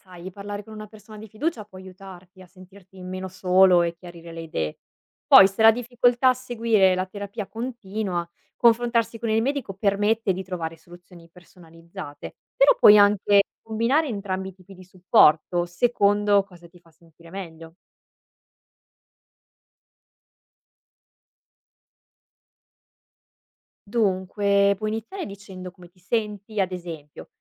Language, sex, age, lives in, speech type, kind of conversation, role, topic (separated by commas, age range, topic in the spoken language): Italian, female, 25-29, Italy, read, expert-assistant, expert, Quali strategie aiutano a seguire una terapia quando gli orari sono difficili da rispettare?